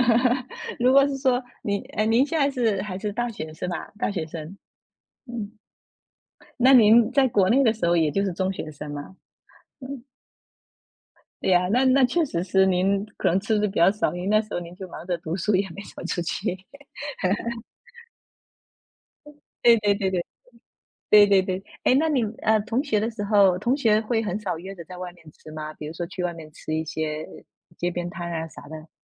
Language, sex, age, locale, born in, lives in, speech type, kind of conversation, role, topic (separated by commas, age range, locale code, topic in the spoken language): Chinese, female, 35-39, zh-TW, China, United States, conversation, unstructured, none, 你最喜欢的家常菜是什么？